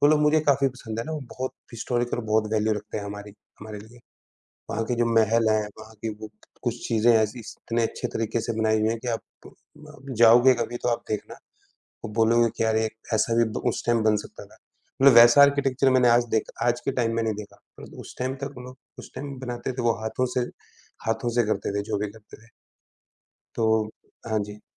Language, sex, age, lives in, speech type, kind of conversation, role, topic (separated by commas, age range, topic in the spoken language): Hindi, male, 35-39, India, conversation, unstructured, none, आपकी सबसे यादगार पारिवारिक छुट्टियाँ कौन-सी हैं?